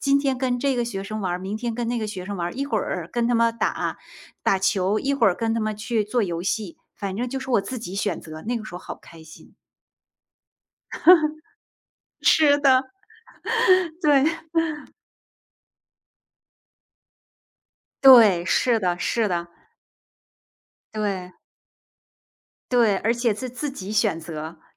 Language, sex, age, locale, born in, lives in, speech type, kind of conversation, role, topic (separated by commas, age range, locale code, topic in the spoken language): Chinese, female, 45-49, zh-TW, China, United States, conversation, unstructured, none, 如果可以回到过去，你会对小时候的自己说些什么？